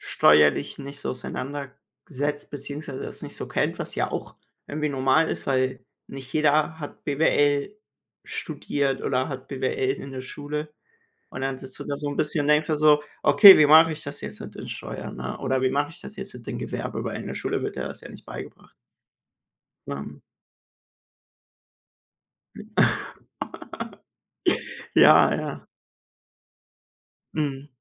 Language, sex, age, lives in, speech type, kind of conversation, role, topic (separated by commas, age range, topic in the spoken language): German, male, 18-19, Germany, conversation, unstructured, none, Wie kannst du Geld für deine Träume sparen?